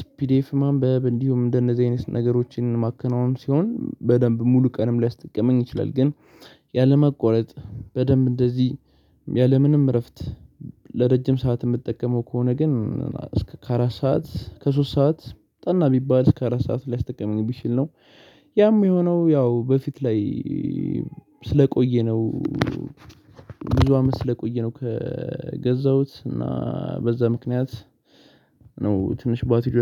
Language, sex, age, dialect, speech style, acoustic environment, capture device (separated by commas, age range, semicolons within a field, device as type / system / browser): Amharic, male, 18-24, Ethiopia - Addis Ababa, excited, silence, mobile / Linux / Chrome